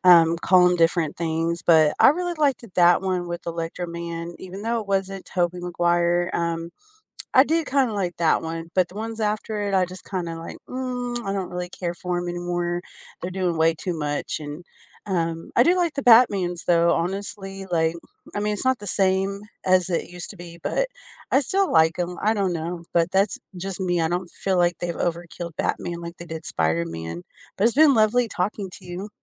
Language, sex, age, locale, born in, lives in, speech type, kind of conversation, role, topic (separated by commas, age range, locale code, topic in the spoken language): English, female, 35-39, en-US, United States, United States, conversation, unstructured, none, When do remakes or updates actually improve a classic for you?